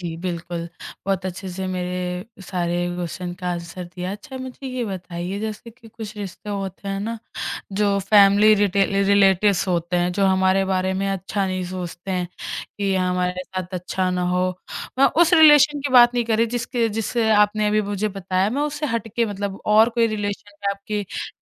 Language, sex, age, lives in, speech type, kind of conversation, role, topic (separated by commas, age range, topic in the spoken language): Hindi, female, 20-24, India, conversation, podcast, host, Kis rishte ke khatam hone ke baad tumne kya seekha?